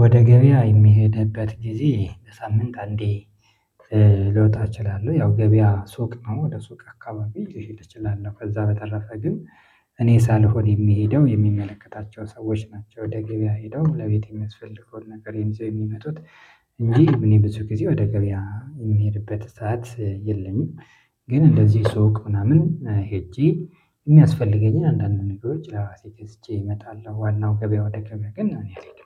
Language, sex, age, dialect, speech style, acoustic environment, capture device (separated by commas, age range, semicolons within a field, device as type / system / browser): Amharic, male, 25-34, Ethiopia - Addis Ababa, relaxed, silence, mobile / Linux / Chrome